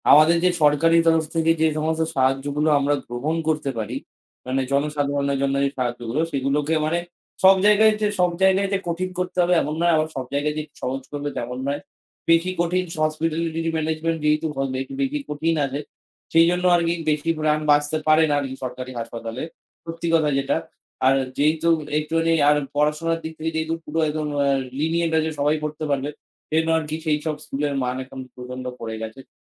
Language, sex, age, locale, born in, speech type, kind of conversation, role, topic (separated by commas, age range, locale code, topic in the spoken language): Bengali, male, 18-19, bn-IN, India, conversation, unstructured, none, সরকারি পরিষেবা আরও সহজলভ্য হলে জনগণ কেমন অনুভব করবে?